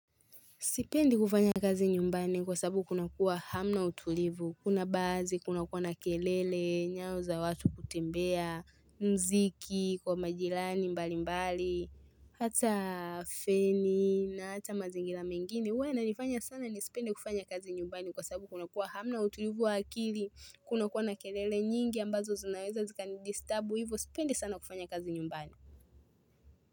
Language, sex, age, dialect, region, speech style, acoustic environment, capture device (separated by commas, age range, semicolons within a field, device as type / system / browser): Swahili, female, 18-24, Tanzania - Dar es Salaam, Tanzania, happy, nature, mobile / Linux / Chrome